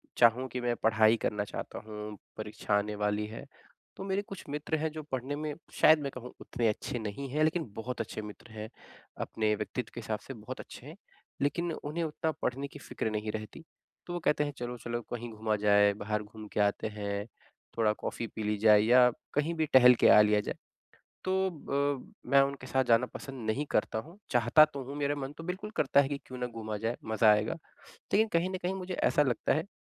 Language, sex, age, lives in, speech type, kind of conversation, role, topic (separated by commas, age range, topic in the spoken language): Hindi, male, 25-29, India, conversation, advice, user, मैं दोस्तों की अपेक्षाओं के दबाव को कैसे संभालूँ?